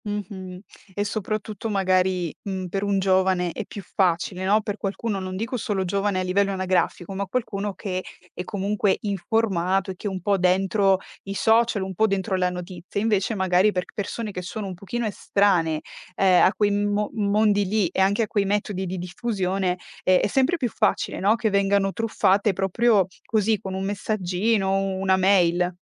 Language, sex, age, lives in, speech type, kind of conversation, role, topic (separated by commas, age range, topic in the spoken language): Italian, female, 25-29, Italy, conversation, podcast, host, Qual è il ruolo dei social nella diffusione delle fake news?